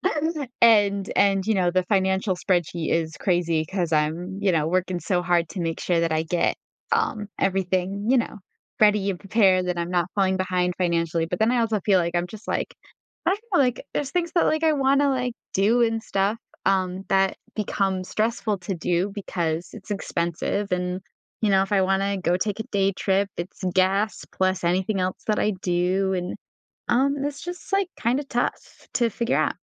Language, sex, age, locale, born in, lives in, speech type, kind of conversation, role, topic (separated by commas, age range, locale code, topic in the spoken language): English, female, 20-24, en-US, United States, United States, conversation, advice, user, How can I balance saving for the future while still enjoying life?